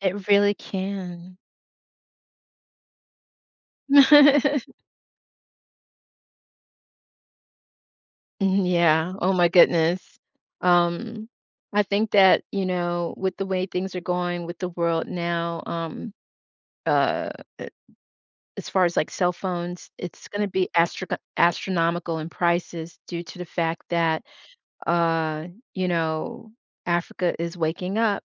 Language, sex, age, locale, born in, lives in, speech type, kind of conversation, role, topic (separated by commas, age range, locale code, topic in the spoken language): English, female, 55-59, en-US, United States, United States, conversation, podcast, host, How has technology changed the way you live and work every day?